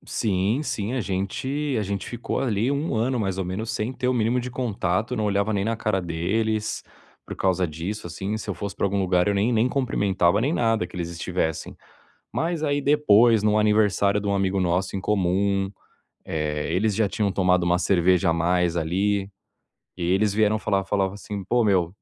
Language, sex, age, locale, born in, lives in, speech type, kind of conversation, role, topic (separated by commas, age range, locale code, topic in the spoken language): Portuguese, male, 30-34, pt-BR, Brazil, Spain, conversation, advice, user, Como devo lidar com um amigo que está espalhando fofocas sobre mim?